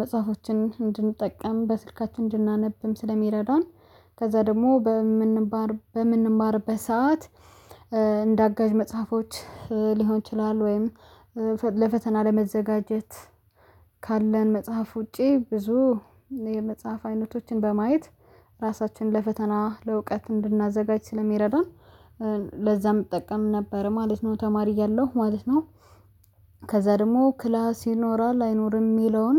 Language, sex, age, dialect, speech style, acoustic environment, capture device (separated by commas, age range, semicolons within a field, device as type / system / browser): Amharic, female, 25-34, Ethiopia - Addis Ababa, happy, nature, mobile / Linux / Chrome